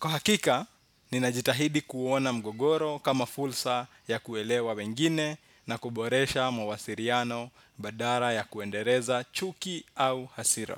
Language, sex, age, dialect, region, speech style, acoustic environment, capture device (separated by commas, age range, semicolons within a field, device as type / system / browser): Swahili, male, 25-34, Kenya - Nairobi Sheng-influenced, Kenya, relaxed, silence, desktop / Windows / Chrome